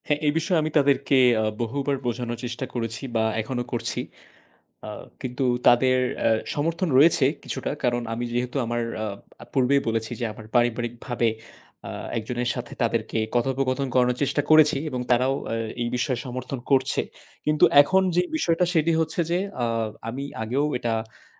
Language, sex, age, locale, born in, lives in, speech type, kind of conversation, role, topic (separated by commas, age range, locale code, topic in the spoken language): Bengali, male, 20-24, bn-BD, Bangladesh, Bangladesh, conversation, advice, user, বড় পরিবর্তন যেমন সম্পর্ক, কাজ বা আবাসন একসঙ্গে ঘটলে যে বিভ্রান্তি হয়, তা আপনি কীভাবে সামলাচ্ছেন?